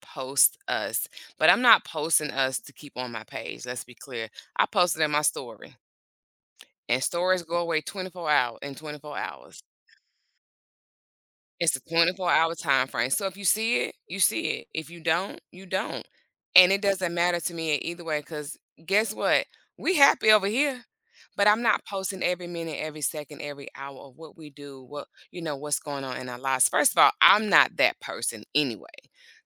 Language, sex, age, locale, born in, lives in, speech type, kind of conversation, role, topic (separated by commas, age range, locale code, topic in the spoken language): English, female, 45-49, en-US, United States, United States, conversation, podcast, guest, How has social media changed the way we connect with others in our daily lives?